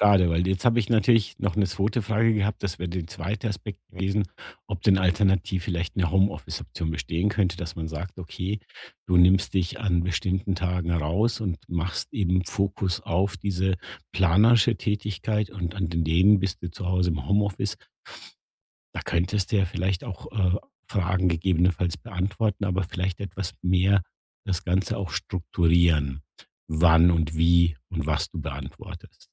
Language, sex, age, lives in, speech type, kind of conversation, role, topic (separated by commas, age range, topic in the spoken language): German, male, 50-54, Germany, conversation, advice, advisor, Wie kann ich Ablenkungen im Arbeitsalltag besser minimieren?